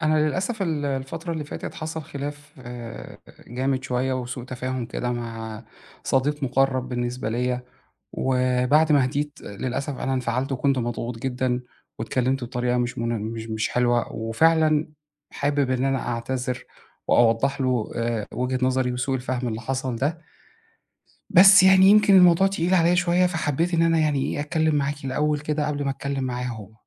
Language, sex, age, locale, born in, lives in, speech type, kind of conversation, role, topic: Arabic, male, 40-44, ar-EG, Egypt, Egypt, conversation, advice, user, إزاي أعتذر لصاحبي بعد خناقة جامدة، ولا أوضح له موقفي الأول؟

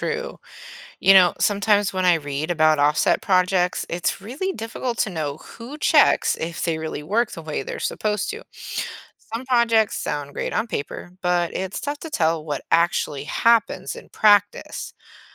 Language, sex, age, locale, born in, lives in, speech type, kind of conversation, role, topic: English, female, 40-44, en-US, United States, United States, read, expert-assistant, asker, What is the difference between weather and climate?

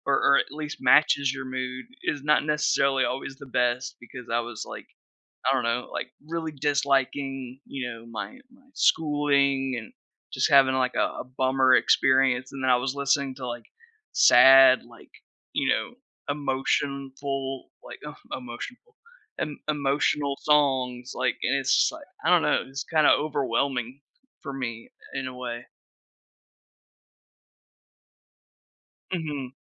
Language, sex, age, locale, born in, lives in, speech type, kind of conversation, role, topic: English, male, 30-34, en-US, United States, United States, conversation, podcast, guest, What music did you grow up listening to?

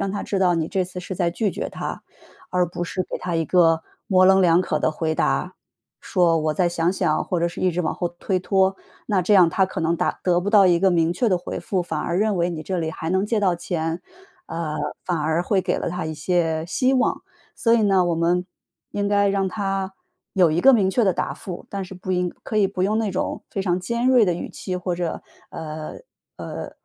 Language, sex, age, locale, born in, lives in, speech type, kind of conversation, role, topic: Chinese, female, 35-39, zh-CN, China, United States, conversation, advice, advisor, 我总是难以拒绝别人、过度迎合他人，该怎么办？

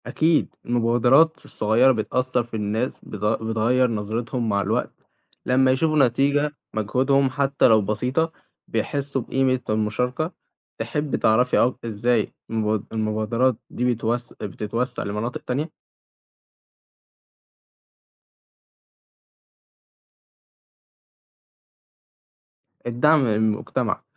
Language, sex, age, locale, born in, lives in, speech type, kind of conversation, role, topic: Arabic, male, 18-19, ar-EG, Egypt, Egypt, read, expert-assistant, expert, ليه الناس ساعات بتصوت في الانتخابات و ساعات لأ؟ إيه الأسباب اللي بتخلي ناس تشارك سياسياً و ناس تانية تفضل تبعد؟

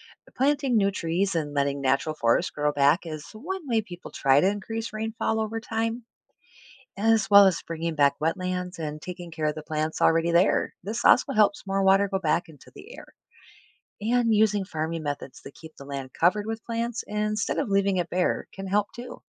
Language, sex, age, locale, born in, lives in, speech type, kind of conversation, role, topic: English, female, 45-49, en-US, United States, United States, read, expert-assistant, expert, How does the water cycle work on Earth?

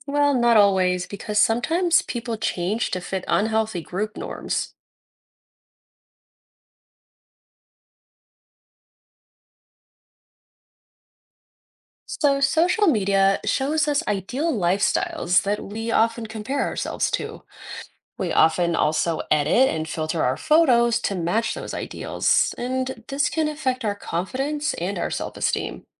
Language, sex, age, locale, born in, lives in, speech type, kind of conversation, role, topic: English, female, 30-34, en-US, United States, United States, read, expert-assistant, expert, How do online communities shape our identities?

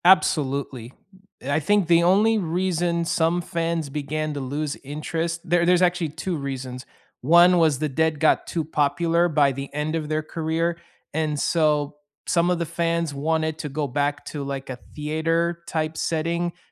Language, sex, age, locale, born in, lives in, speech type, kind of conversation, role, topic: English, male, 30-34, en-US, United States, United States, conversation, unstructured, none, Which song or playlist best reflects how you feel right now, and why does it resonate with you?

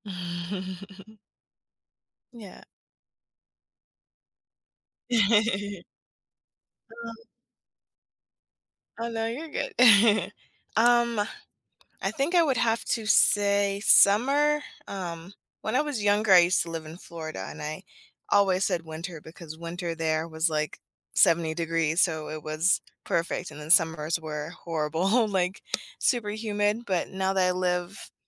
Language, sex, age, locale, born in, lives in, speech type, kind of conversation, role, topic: English, female, 30-34, en-US, United States, United States, conversation, unstructured, none, Which do you prefer, summer or winter, and why?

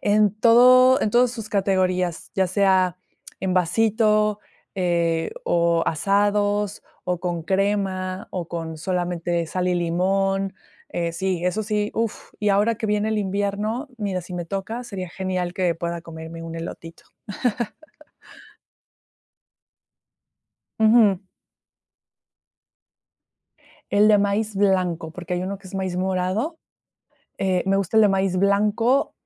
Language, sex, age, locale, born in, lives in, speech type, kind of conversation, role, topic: Spanish, female, 30-34, es-MX, Mexico, Mexico, conversation, podcast, guest, ¿Cuál es tu comida reconfortante favorita y por qué?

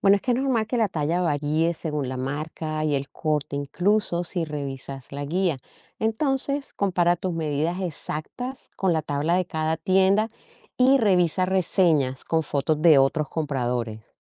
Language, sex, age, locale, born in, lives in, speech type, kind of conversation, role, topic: Spanish, female, 55-59, es-CO, Colombia, United States, read, expert-assistant, expert, ¿Cómo elegir la talla y el tipo de ropa adecuada al comprar en línea?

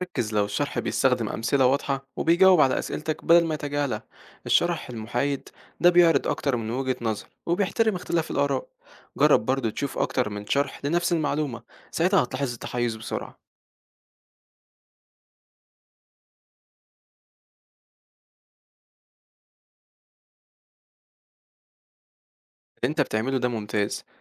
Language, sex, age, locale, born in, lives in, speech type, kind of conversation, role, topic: Arabic, male, 18-19, ar-EG, Egypt, Egypt, read, expert-assistant, expert, إزاي أتعامل مع الأخبار الكتير والمعلومات المتضاربة في الإعلام عشان أخد قرارات صح في حياتي؟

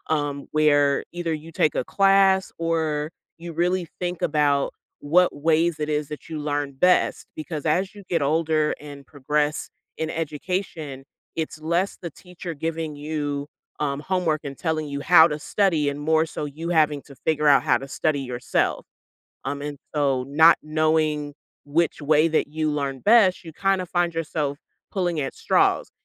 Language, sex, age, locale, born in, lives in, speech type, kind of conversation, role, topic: English, female, 30-34, en-US, United States, United States, conversation, podcast, guest, What strategies help students build effective and lasting study routines?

